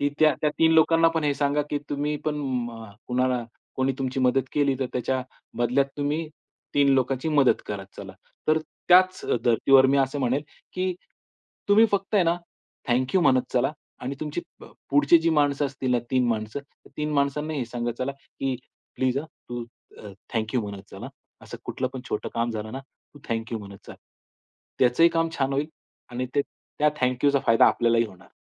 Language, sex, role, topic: Marathi, male, guest, कधी कुणाशी झालेल्या छोट्या संवादामुळे तुमच्या आयुष्यात मोठा फरक पडला आहे का?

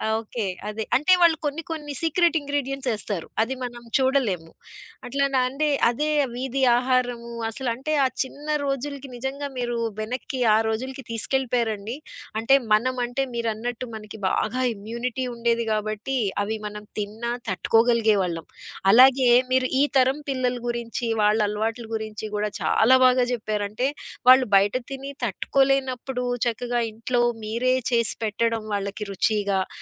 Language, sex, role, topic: Telugu, female, host, వీధి ఆహారం గురించి నీకు గుర్తొచ్చే మొదటి జ్ఞాపకం ఏది?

